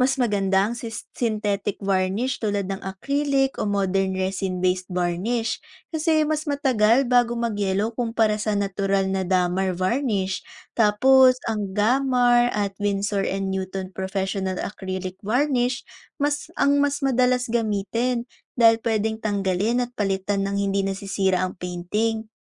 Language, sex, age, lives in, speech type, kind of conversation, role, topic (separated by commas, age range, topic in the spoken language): Filipino, female, 20-24, Philippines, read, expert-assistant, expert, Ano ang mga karaniwang sanhi ng pag-yellow ng canvas paintings paglipas ng panahon, at paano ito maiiwasan?